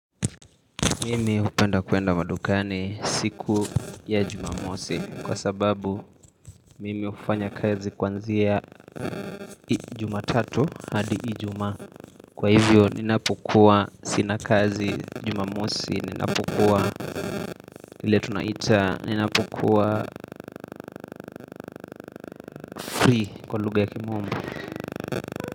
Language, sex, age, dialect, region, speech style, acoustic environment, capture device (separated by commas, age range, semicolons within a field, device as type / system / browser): Swahili, male, 18-24, Kenya - Nairobi Sheng-influenced, Kenya, relaxed; focused, nature, mobile / Linux / Chrome